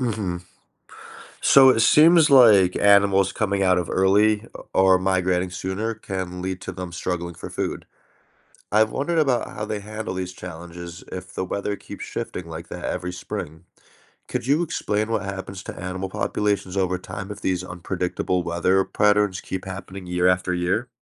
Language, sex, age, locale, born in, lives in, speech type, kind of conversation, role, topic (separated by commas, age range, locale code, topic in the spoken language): English, male, 30-34, en-US, United States, United States, read, expert-assistant, asker, How do animals adapt to survive in extreme environments?